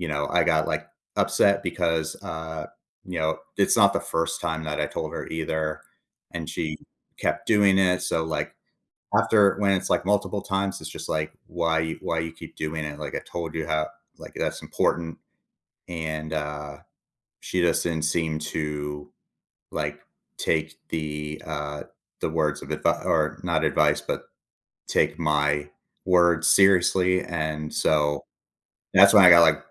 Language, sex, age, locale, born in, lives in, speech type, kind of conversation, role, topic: English, male, 40-44, en-US, United States, United States, conversation, advice, user, How can I apologize and rebuild trust after saying harsh words in anger?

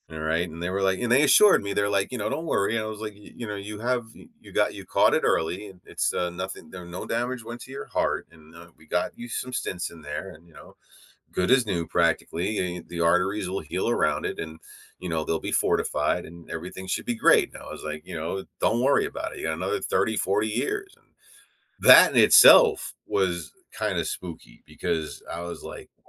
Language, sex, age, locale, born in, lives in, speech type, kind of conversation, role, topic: English, male, 45-49, en-US, United States, United States, conversation, advice, user, How can I make time for self-care in my busy schedule?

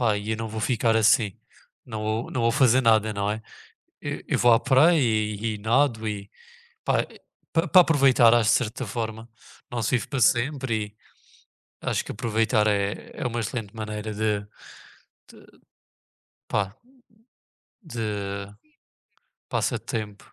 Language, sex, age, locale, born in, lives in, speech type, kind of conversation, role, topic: Portuguese, male, 20-24, pt-PT, Portugal, Portugal, conversation, unstructured, none, O que motiva você a continuar praticando um passatempo?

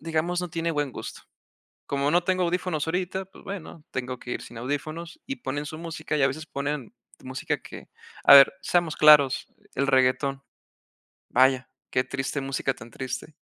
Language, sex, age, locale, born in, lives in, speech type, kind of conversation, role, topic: Spanish, male, 20-24, es-MX, Mexico, Mexico, conversation, unstructured, none, ¿Qué tipo de música te hace sentir mejor en un día difícil?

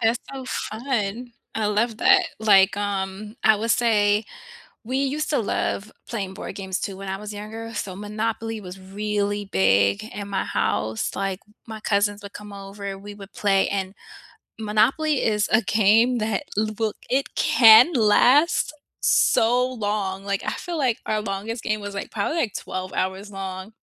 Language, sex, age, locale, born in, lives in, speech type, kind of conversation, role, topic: English, female, 35-39, en-US, United States, United States, conversation, unstructured, none, What is the story behind your favorite hobby?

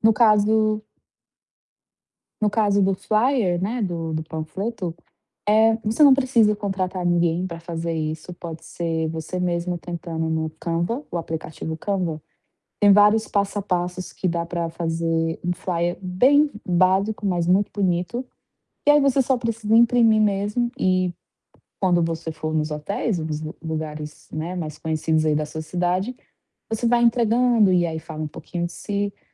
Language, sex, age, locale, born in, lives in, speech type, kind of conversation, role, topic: Portuguese, female, 25-29, pt-BR, Brazil, Portugal, conversation, advice, advisor, Como posso atrair meus primeiros clientes para o meu negócio?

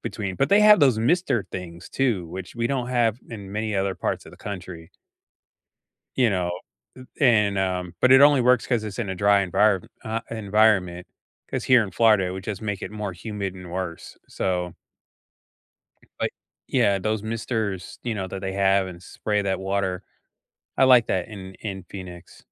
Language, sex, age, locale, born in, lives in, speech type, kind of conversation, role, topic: English, male, 50-54, en-US, United States, United States, conversation, unstructured, none, What is your go-to coffee shop for people-watching?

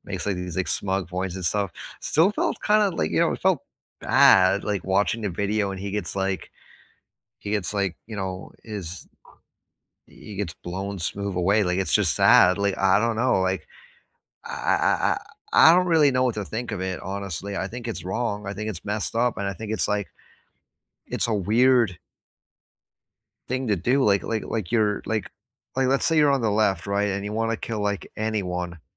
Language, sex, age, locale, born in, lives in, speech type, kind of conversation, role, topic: English, male, 25-29, en-US, United States, United States, conversation, unstructured, none, What political event surprised you?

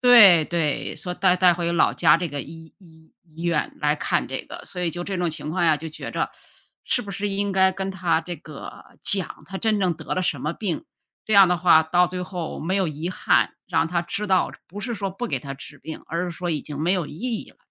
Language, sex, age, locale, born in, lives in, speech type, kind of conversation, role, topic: Chinese, female, 55-59, zh-CN, China, United States, conversation, unstructured, none, 你觉得在什么情况下撒谎是可以接受的？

